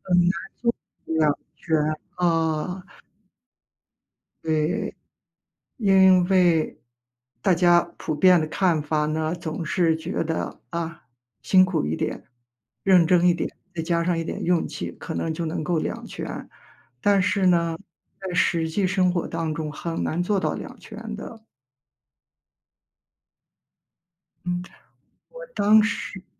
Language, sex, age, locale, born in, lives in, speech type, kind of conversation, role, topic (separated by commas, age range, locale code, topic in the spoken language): Chinese, female, 55-59, zh-CN, China, United States, conversation, advice, user, 我该如何在创业和家庭生活之间取得平衡？